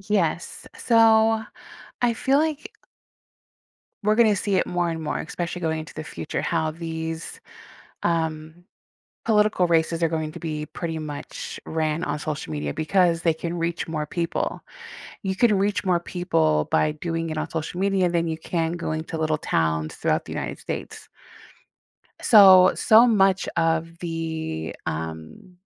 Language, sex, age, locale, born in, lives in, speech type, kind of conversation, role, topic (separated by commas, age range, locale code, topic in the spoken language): English, female, 40-44, en-US, United States, United States, conversation, podcast, guest, How has social media changed the way we connect and share information?